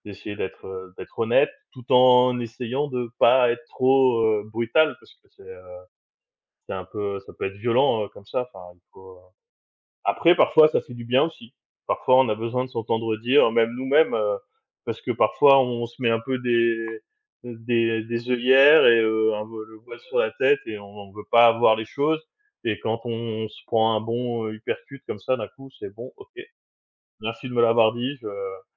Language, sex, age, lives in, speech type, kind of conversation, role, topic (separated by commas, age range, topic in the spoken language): French, male, 40-44, France, conversation, unstructured, none, À quel point est-il important de dire la vérité, même si cela fait mal ?